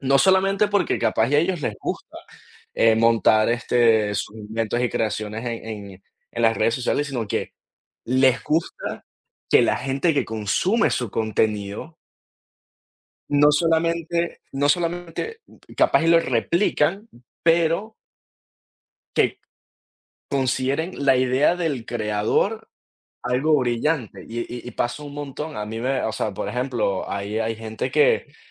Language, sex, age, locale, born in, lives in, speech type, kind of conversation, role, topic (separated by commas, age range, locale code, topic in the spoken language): Spanish, male, 20-24, es-VE, Venezuela, United States, conversation, unstructured, none, ¿Crees que las redes sociales dañan la verdadera creatividad?